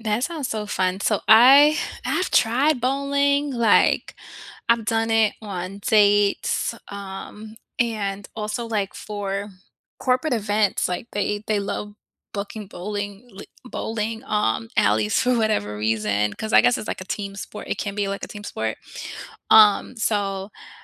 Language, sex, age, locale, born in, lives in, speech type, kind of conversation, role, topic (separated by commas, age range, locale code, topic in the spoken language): English, female, 35-39, en-US, United States, United States, conversation, unstructured, none, What is the story behind your favorite hobby?